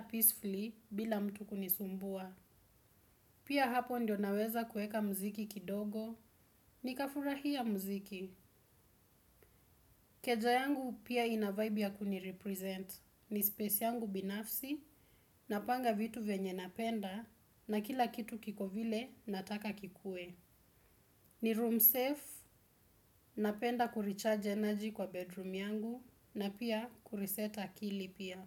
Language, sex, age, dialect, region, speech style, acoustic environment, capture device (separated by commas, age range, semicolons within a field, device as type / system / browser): Swahili, female, 18-24, Kenya - Nairobi Sheng-influenced, Kenya, relaxed; focused, silence; nature, desktop / Windows / Chrome